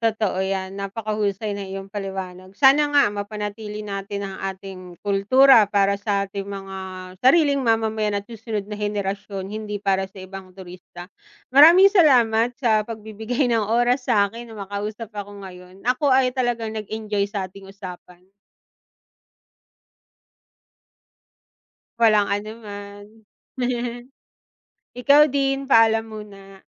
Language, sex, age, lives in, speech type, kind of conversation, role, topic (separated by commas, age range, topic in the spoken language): Filipino, female, 35-39, Philippines, conversation, unstructured, none, Dapat bang ipatupad ang mas mahigpit na mga regulasyon para sa mga turista?